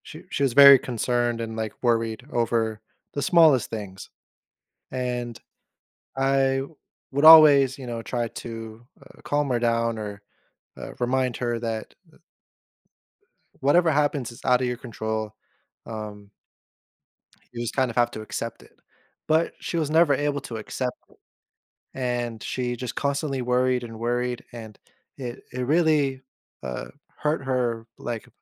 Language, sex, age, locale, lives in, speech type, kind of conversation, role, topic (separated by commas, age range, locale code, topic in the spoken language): English, male, 30-34, en-US, United States, conversation, podcast, host, How do you decide which worries deserve your attention and which ones to let go?